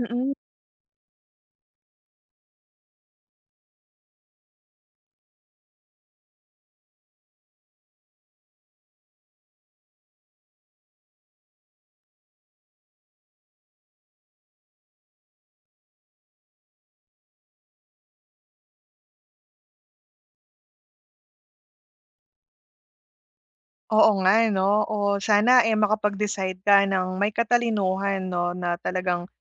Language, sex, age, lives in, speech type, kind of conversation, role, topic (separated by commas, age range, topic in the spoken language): Filipino, female, 30-34, Philippines, conversation, advice, advisor, Paano ako makakapili ng magandang regalo para sa kanya?